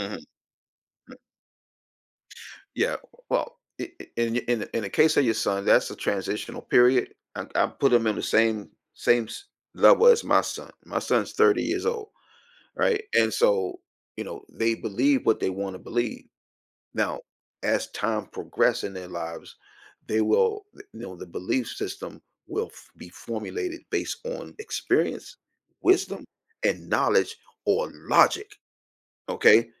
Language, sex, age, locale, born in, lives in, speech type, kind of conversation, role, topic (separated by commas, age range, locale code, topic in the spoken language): English, male, 55-59, en-US, United States, United States, conversation, podcast, host, How do our beliefs shape the way we relate to and understand others?